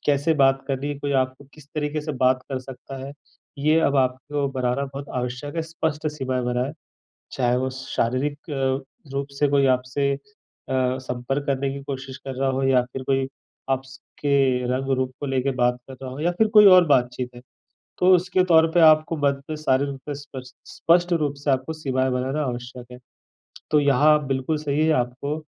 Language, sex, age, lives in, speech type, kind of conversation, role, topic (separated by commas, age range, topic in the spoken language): Hindi, male, 35-39, India, conversation, advice, advisor, मैं अपनी भावनाओं की रक्षा के लिए स्वस्थ सीमाएँ कैसे तय करूँ?